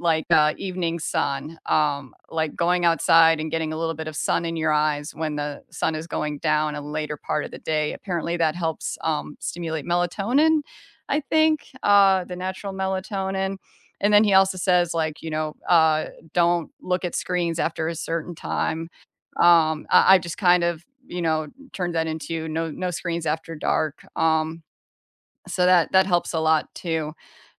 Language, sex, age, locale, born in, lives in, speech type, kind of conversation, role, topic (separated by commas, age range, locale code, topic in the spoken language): English, female, 40-44, en-US, United States, United States, conversation, unstructured, none, Which bedtime rituals truly help you feel well-rested, and how did you discover them?